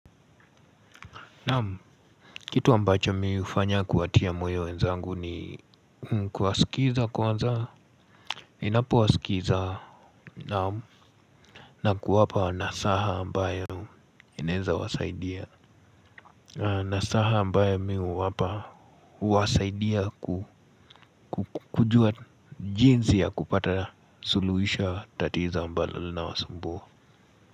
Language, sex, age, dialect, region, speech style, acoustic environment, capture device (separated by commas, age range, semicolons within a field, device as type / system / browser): Swahili, male, 18-24, Kenya - Nairobi Sheng-influenced, Kenya, relaxed; focused, silence; nature, mobile / Linux / Chrome